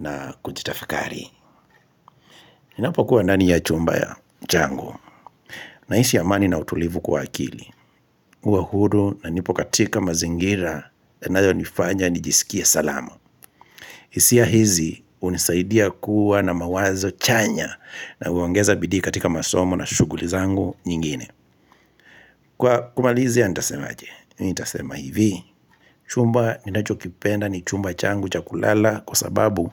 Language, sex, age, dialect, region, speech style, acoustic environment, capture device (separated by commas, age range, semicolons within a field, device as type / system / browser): Swahili, male, 25-34, Kenya - Kitui, Kenya, relaxed, silence, mobile / Linux / Chrome